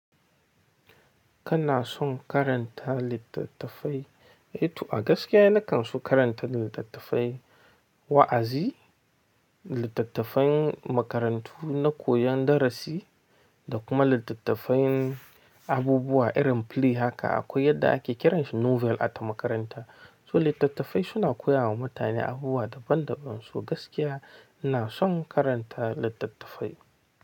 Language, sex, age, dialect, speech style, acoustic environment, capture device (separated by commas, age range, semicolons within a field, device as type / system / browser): Hausa, male, 25-34, Nigeria - Nigerian Standard, focused; happy; tired; relaxed, silence, mobile / Linux / Chrome